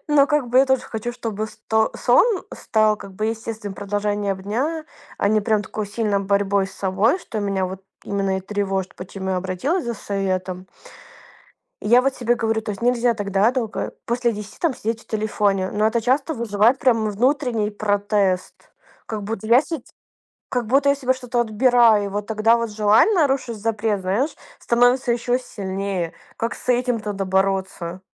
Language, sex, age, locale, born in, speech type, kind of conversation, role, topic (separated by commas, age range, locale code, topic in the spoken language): Russian, female, 25-29, ru-RU, Ukraine, conversation, advice, user, Как сократить экранное время вечером перед сном, чтобы лучше спать?